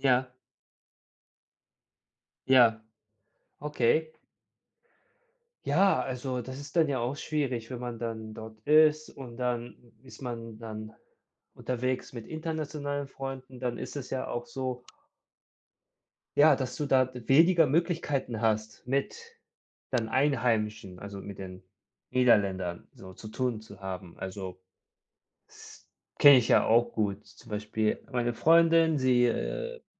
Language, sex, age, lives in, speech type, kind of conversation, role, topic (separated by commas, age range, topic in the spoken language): German, male, 30-34, Germany, conversation, unstructured, none, Wie beeinflussen kulturelle Unterschiede unsere Freundschaften?